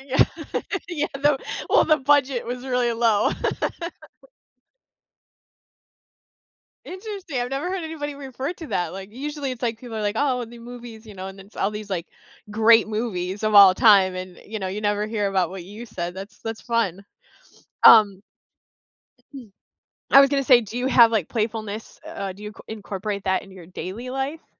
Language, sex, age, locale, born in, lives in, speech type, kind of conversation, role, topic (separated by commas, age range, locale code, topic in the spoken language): English, female, 35-39, en-US, United States, United States, conversation, unstructured, none, How can being playful improve our happiness and relationships?